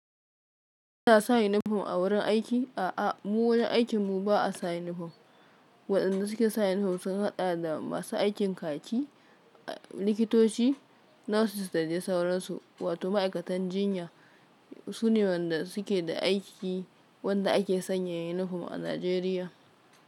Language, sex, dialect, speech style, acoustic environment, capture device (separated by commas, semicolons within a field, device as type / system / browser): Hausa, female, Nigeria - Kano, focused, silence; nature, mobile / Linux / Chrome